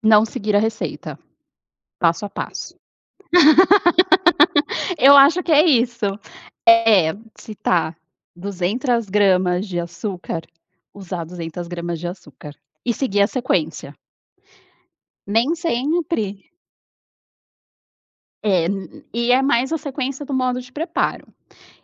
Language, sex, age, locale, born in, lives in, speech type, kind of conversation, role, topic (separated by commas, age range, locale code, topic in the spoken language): Portuguese, female, 35-39, pt-BR, Brazil, Portugal, conversation, podcast, guest, Como você ensina essa receita para alguém que nunca cozinhou?